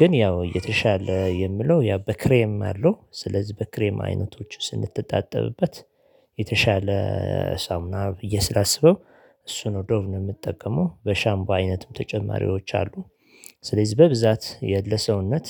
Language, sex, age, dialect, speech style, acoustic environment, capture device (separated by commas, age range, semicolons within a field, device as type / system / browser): Amharic, male, 35-44, Ethiopia - Addis Ababa, happy, silence, mobile / Linux / Chrome